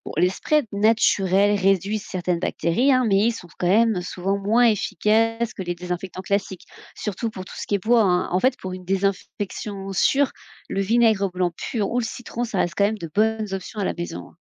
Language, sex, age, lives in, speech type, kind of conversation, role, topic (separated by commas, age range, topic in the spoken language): French, female, 30-34, France, read, expert-assistant, expert, Quelles habitudes pratiques permettent vraiment d’éviter les intoxications alimentaires à la maison ?